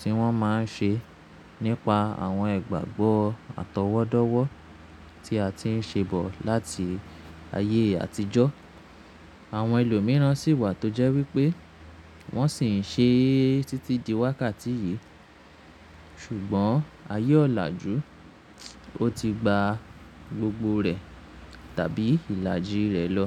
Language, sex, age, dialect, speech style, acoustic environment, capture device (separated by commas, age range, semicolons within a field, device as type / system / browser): Yoruba, male, 25-34, Nigeria - Nigerian Standard, relaxed; focused; anxious, appliances; silence, mobile / Linux / Chrome